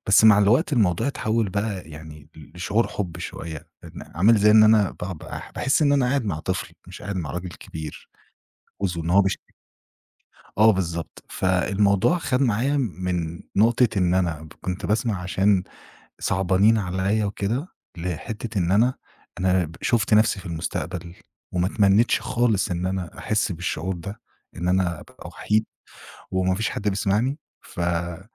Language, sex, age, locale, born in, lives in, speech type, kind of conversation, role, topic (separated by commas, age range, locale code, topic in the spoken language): Arabic, male, 25-29, ar-EG, Egypt, Egypt, conversation, podcast, guest, إزاي بتبني علاقة مع اللي بيسمعك؟